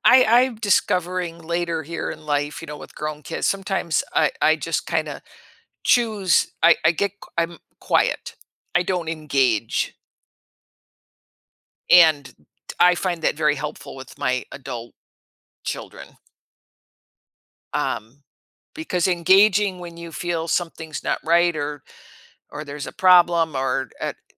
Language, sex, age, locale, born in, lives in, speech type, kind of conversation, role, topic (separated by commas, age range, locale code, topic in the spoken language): English, female, 65-69, en-US, United States, United States, conversation, podcast, host, How do families build trust and emotional security for their members?